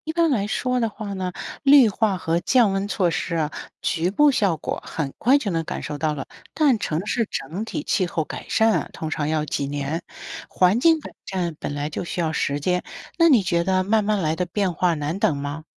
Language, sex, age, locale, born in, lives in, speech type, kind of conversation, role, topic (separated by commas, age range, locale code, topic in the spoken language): Chinese, female, 55-59, zh-CN, China, United States, read, expert-assistant, expert, 为什么大家觉得夏天越来越热，是气候真的变了吗？，是气候真的变了吗？